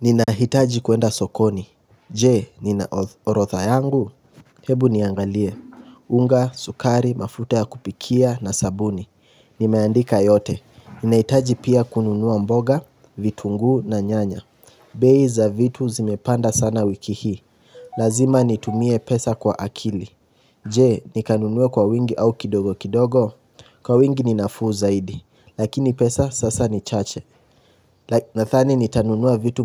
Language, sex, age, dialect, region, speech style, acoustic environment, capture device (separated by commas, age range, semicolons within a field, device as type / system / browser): Swahili, male, 18-24, Kenya - Nairobi Sheng-influenced, Kenya, relaxed, nature, mobile / Linux / Chrome